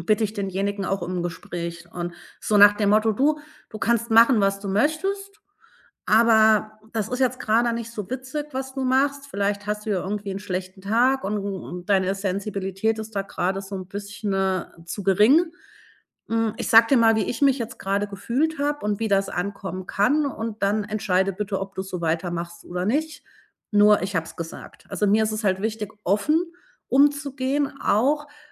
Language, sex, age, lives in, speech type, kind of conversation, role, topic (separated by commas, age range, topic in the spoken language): German, female, 40-44, Germany, conversation, podcast, guest, Wie kannst du Grenzen setzen, ohne unsensibel zu wirken?